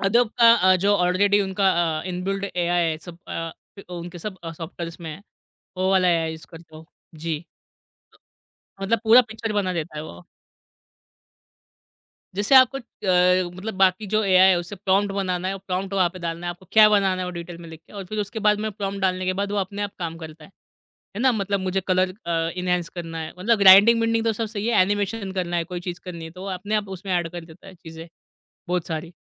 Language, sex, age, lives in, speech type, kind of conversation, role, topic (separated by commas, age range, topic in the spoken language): Hindi, male, 25-29, India, conversation, podcast, guest, Hobbies aur busy life ka balance tum kaise banaate ho?